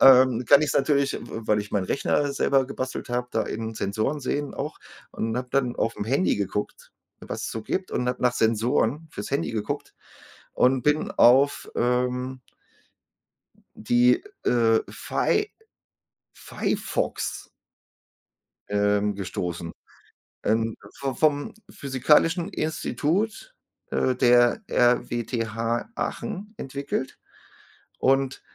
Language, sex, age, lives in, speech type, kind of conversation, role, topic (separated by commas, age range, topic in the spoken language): German, male, 40-44, Germany, conversation, unstructured, none, Wie stehst du zu Smartphones im Unterricht?